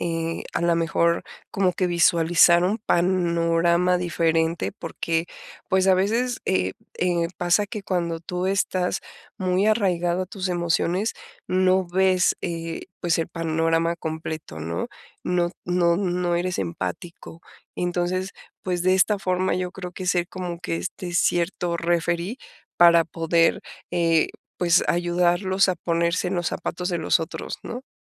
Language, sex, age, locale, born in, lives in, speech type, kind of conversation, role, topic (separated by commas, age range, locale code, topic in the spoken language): Spanish, female, 45-49, es-MX, Mexico, Mexico, conversation, advice, user, ¿Cómo puedo participar más en conversaciones grupales cuando otras personas dominan la charla?